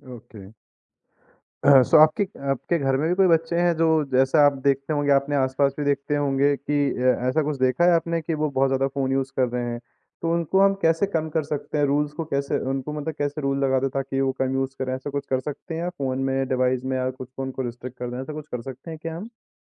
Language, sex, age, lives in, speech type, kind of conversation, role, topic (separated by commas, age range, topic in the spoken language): Hindi, male, 20-24, India, conversation, podcast, host, Aap apne bachchon ko zyada screen use aur jaankari ki bharmaar se kaise bachate ho?